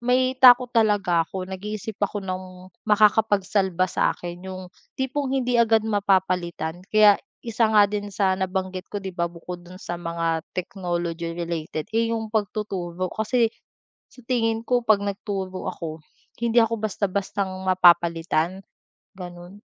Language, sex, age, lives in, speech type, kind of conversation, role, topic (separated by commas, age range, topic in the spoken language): Filipino, female, 25-29, Philippines, conversation, advice, user, Paano ko haharapin ang takot sa bagong simula habang lumilipat ng karera?